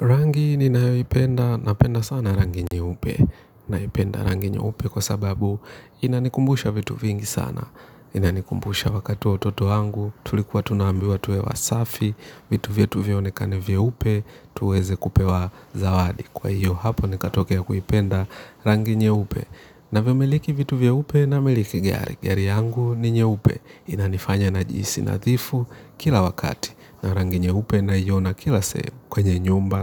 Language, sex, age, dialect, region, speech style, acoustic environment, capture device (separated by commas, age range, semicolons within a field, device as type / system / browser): Swahili, male, 25-34, Kenya - Nairobi Sheng-influenced, Kenya, relaxed; happy, nature; appliances, mobile / Linux / Chrome